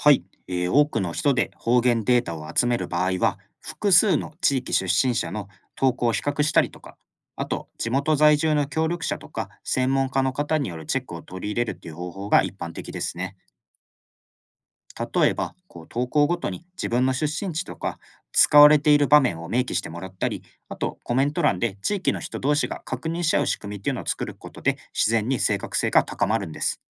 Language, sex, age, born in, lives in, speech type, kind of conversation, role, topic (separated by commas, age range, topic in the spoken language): Japanese, male, 25-29, Japan, Japan, read, expert-assistant, expert, SNSを使って方言を楽しく保存・共有するにはどうすればよいですか？